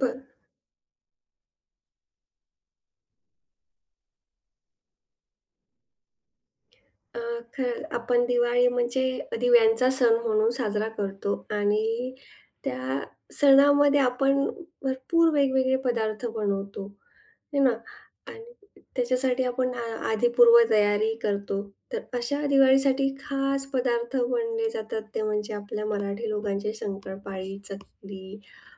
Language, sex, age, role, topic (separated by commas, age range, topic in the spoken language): Marathi, female, 40-44, guest, घरच्या दिवाळीच्या तयारीत तुम्हाला सर्वांत खास काय वाटते?